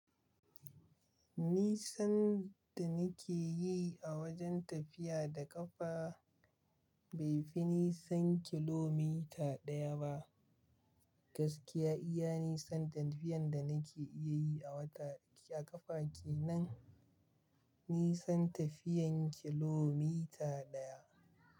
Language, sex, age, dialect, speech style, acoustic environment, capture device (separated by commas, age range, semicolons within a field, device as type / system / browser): Hausa, male, 25-34, Nigeria - Kano, relaxed, silence, mobile / Linux / Chrome